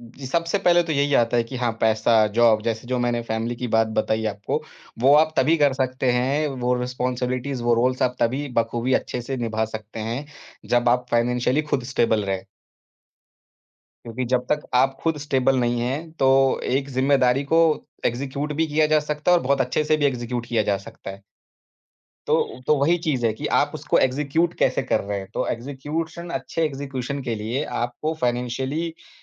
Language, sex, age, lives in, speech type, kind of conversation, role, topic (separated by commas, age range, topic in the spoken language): Hindi, male, 30-34, India, conversation, podcast, guest, Tumhare liye success ka sabse simple matlab kya hai?